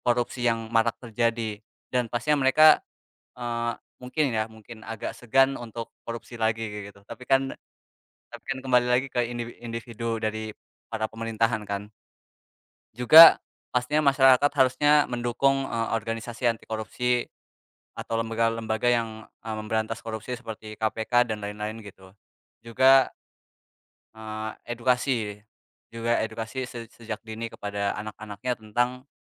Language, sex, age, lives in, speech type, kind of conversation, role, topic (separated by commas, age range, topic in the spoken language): Indonesian, male, 20-24, Indonesia, conversation, unstructured, none, Apa pendapat kamu tentang korupsi yang masih terjadi di beberapa lembaga?